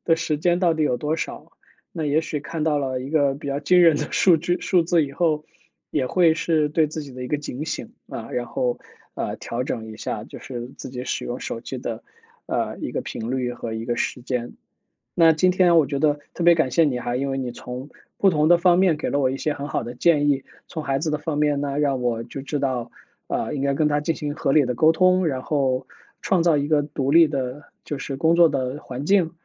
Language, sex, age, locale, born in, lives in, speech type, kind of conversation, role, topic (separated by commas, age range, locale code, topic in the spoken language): Chinese, male, 35-39, zh-CN, China, United States, conversation, advice, user, 我经常注意力分散，怎样才能更长时间保持专注？